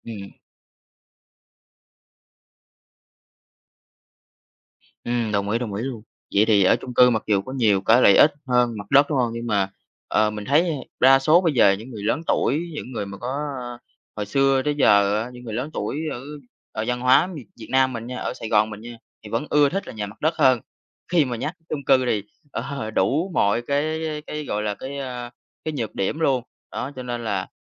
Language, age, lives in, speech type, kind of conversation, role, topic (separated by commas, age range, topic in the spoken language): Vietnamese, 20-24, Vietnam, conversation, podcast, host, Khi chọn mua nhà, bạn chú ý điều gì nhất?